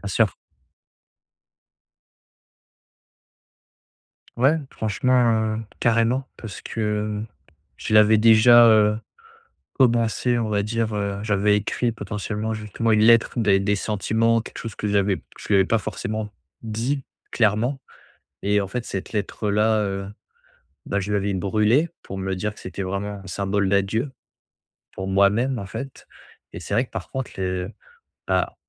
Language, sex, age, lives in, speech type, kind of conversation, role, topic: French, male, 25-29, France, conversation, advice, user, Comment puis-je reconnaître et gérer ma tristesse après une rupture ?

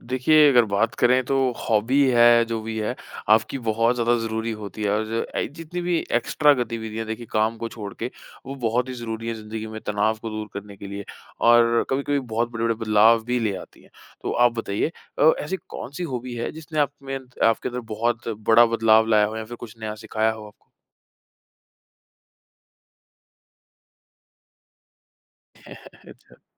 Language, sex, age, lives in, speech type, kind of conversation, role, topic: Hindi, male, 25-29, India, conversation, podcast, host, क्या किसी शौक ने आपकी ज़िंदगी में बड़ा बदलाव लाया, और कैसे?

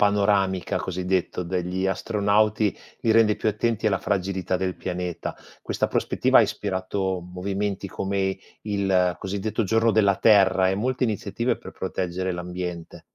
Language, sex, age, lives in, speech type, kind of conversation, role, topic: Italian, male, 45-49, Italy, read, expert-assistant, expert, Perché la domanda “Siamo soli nell’universo?” ci interessa così tanto, secondo te?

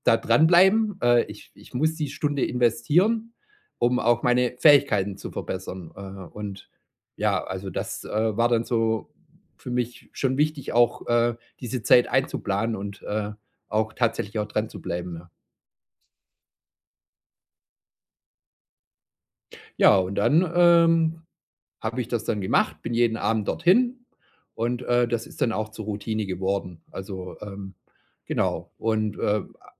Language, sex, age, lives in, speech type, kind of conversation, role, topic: German, male, 45-49, Germany, conversation, podcast, guest, Was hilft dir dabei, eine neue Gewohnheit wirklich beizubehalten?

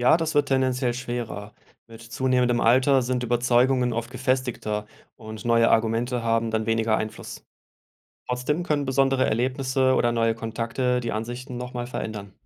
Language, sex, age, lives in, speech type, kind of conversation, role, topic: German, male, 35-39, Germany, read, expert-assistant, expert, Wie entstehen und verändern sich politische Meinungen durch Persönlichkeit, Umfeld und Medien?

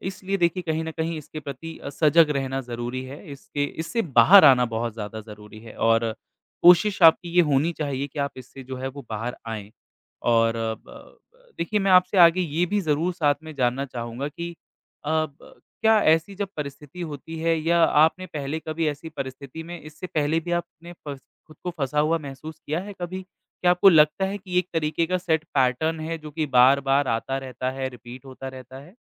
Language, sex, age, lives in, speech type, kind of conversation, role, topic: Hindi, male, 25-29, India, conversation, advice, advisor, परफेक्शन की चाह के कारण आप कोई प्रोजेक्ट शुरू क्यों नहीं कर पा रहे/रही हैं?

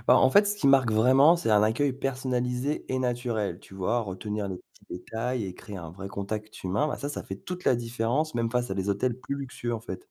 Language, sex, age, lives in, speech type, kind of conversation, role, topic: French, male, 40-44, France, read, expert-assistant, expert, Quelles compétences faut-il développer pour offrir une expérience touristique vraiment accueillante ?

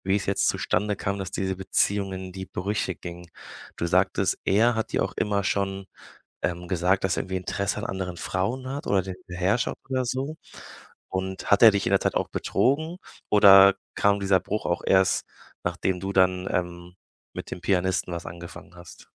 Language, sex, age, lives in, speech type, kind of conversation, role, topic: German, male, 25-29, Germany, conversation, advice, advisor, Wie erlebst du deine Schuldgefühle nach der Trennung und welche Selbstvorwürfe machst du dir?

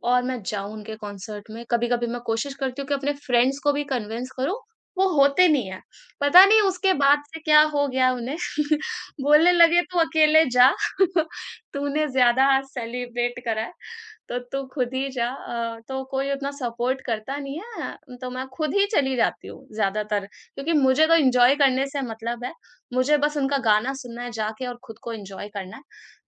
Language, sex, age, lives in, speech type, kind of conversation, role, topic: Hindi, female, 20-24, India, conversation, podcast, guest, Tumhara sabse yaadgar live concert ka experience kya tha, yaar?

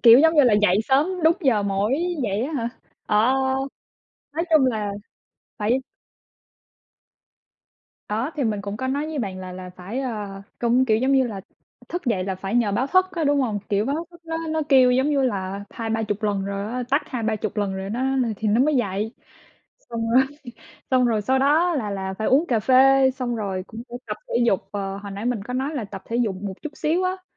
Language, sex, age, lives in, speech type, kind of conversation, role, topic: Vietnamese, female, 25-29, United States, conversation, unstructured, none, Bạn sẽ chọn dậy sớm vào buổi sáng hay ngủ nướng thêm một chút?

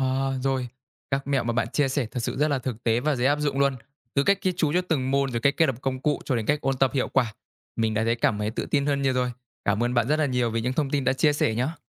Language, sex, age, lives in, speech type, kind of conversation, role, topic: Vietnamese, male, 20-24, Vietnam, read, expert-assistant, asker, Cách ghi chú bài học hiệu quả và dễ nhớ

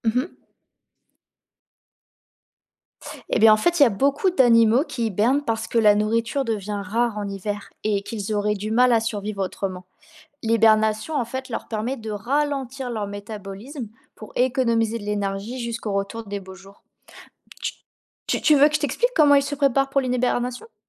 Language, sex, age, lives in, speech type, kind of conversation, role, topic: French, female, 20-24, France, read, expert-assistant, expert, Pourquoi certains animaux hibernent-ils en hiver ?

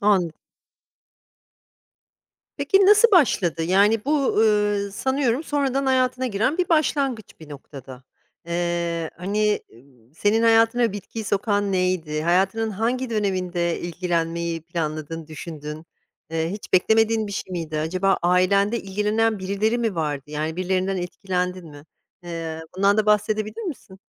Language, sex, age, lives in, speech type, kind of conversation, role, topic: Turkish, female, 45-49, United States, conversation, podcast, host, Yeni başlayanlara ilk tavsiyen ne olur?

